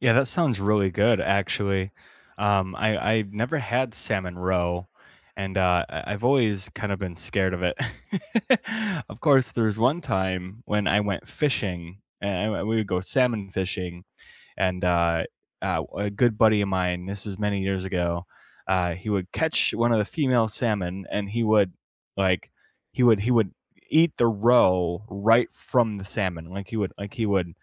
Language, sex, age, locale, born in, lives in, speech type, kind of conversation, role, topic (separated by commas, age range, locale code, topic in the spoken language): English, male, 25-29, en-US, United States, United States, conversation, unstructured, none, What are your favorite comfort foods, and what stories are behind them?